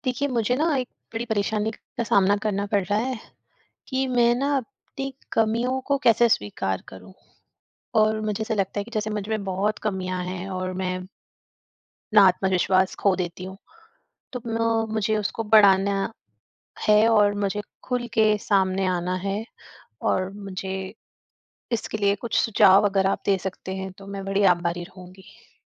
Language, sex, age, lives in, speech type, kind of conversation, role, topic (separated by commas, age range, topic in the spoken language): Hindi, female, 45-49, India, conversation, advice, user, मैं अपनी कमियों को कैसे स्वीकार करूं और अपना आत्मसम्मान कैसे बढ़ा सकूं?